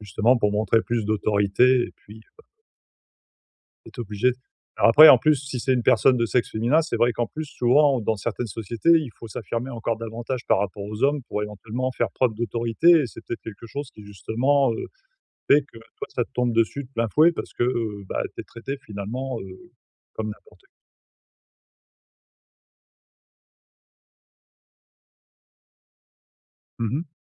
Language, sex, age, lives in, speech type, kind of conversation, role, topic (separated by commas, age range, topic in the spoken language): French, male, 45-49, France, conversation, advice, advisor, Comment gérer mes réactions émotionnelles face à des critiques inutiles ?